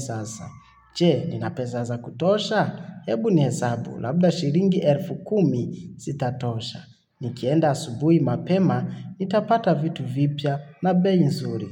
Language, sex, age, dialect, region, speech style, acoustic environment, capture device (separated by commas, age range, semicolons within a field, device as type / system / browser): Swahili, male, 25-34, Kenya - Nairobi Sheng-influenced, Kenya, relaxed, nature, mobile / Linux / Chrome